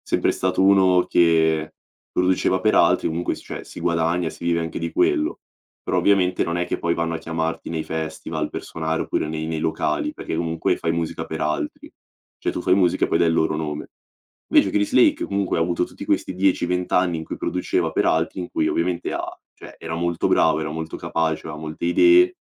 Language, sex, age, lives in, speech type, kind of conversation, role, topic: Italian, male, 18-19, Italy, conversation, podcast, guest, Quale artista ti ha cambiato il gusto musicale?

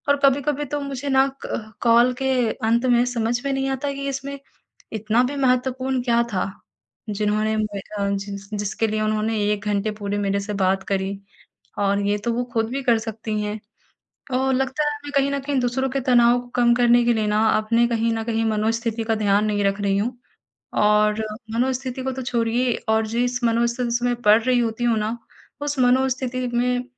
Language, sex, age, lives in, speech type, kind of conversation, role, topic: Hindi, female, 55-59, India, conversation, advice, user, मैं विकर्षण कम करके अपना ध्यान कैसे बेहतर कर सकता/सकती हूँ?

